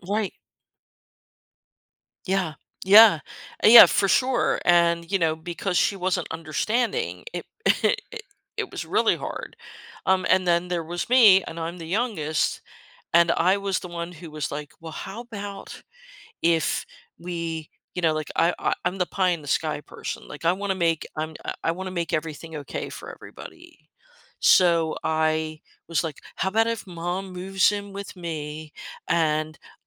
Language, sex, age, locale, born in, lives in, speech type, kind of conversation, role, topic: English, female, 55-59, en-US, United States, United States, conversation, podcast, guest, How do families create and maintain close relationships over time?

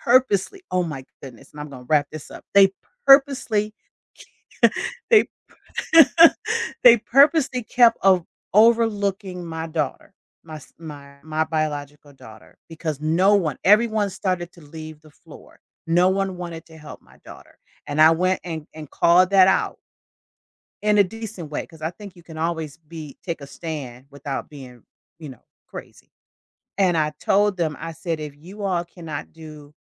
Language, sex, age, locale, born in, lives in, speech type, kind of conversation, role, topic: English, female, 55-59, en-US, United States, United States, conversation, podcast, host, How can travel open your mind to new perspectives?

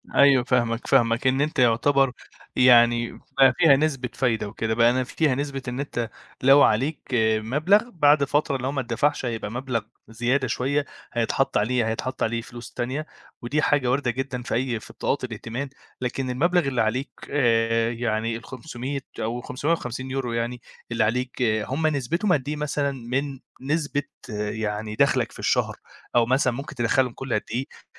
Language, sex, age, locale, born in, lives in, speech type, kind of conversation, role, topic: Arabic, male, 25-29, ar-EG, Egypt, Egypt, conversation, advice, advisor, أبدأ منين في سداد ديون بطاقات الائتمان بتاعتي؟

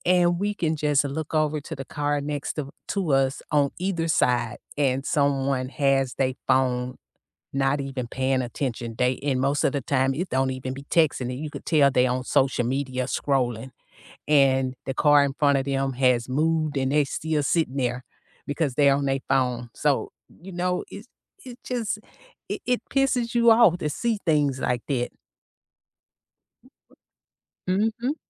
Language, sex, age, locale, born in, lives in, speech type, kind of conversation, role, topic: English, female, 55-59, en-US, United States, United States, conversation, unstructured, none, Why do people get so upset about texting while driving?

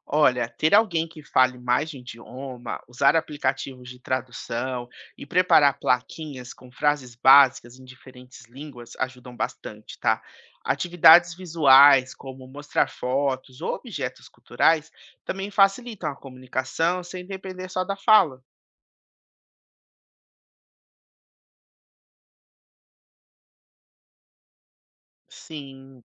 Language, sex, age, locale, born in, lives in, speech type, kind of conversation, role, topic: Portuguese, male, 30-34, pt-BR, Brazil, United States, read, expert-assistant, expert, Como posso organizar um encontro entre vizinhos para fortalecer a amizade e respeitar as diferenças culturais?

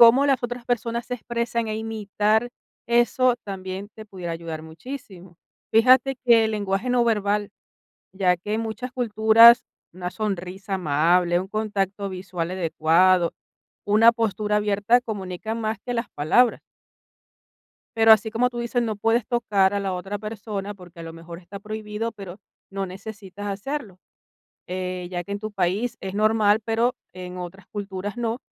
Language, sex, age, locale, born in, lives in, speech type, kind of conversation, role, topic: Spanish, female, 45-49, es-VE, Venezuela, Italy, conversation, advice, advisor, ¿Cómo puedo adaptarme a las diferencias culturales y a la barrera del idioma?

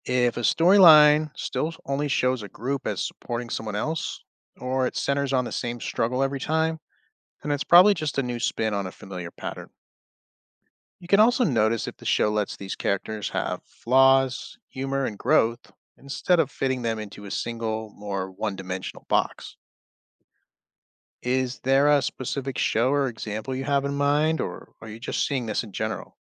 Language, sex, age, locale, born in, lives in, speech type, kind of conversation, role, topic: English, male, 35-39, en-US, United States, United States, read, expert-assistant, expert, How do movies and TV shows shape thinking?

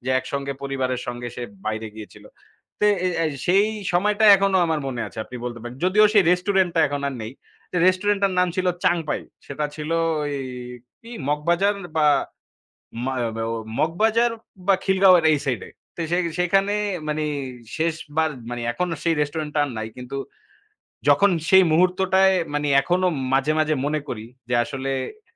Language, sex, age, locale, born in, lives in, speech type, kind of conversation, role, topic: Bengali, male, 25-29, bn-BD, Bangladesh, Bangladesh, conversation, unstructured, none, কোন খাবারটি আপনার জীবনের কোনো বিশেষ মুহূর্তের সঙ্গে জড়িয়ে আছে?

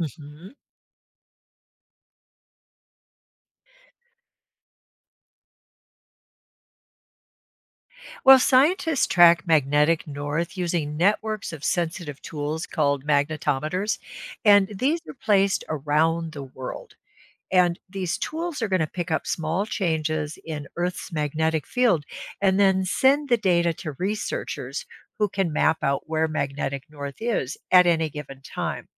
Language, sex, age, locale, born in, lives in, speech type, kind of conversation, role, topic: English, female, 65-69, en-US, United States, United States, read, expert-assistant, expert, How do compasses and navigation systems work on Earth and in space?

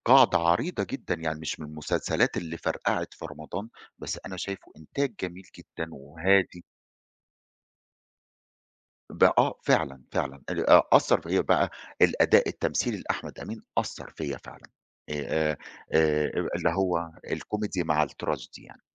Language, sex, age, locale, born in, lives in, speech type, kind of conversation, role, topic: Arabic, male, 40-44, ar-EG, Egypt, Egypt, conversation, podcast, guest, بصراحة، إيه اللي بيلهمك لما بتتفرج على فيلم؟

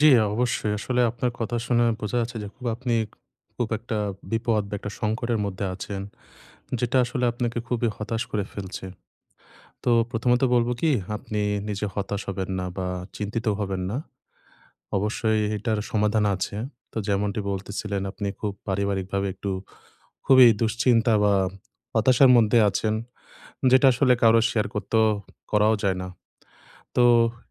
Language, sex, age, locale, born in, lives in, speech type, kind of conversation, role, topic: Bengali, male, 25-29, bn-BD, Bangladesh, Bangladesh, conversation, advice, advisor, আমি কীভাবে ভাইবোনদের মধ্যে যোগাযোগ বাড়াতে পারি?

